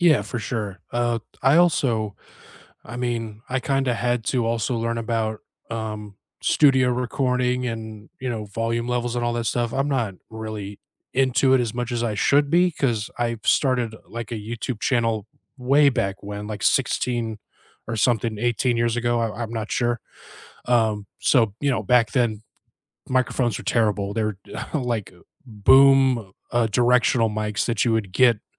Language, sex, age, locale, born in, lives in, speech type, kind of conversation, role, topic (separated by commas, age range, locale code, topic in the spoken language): English, male, 35-39, en-US, United States, United States, conversation, unstructured, none, How has your music taste evolved from your first musical crushes to your favorite artists today?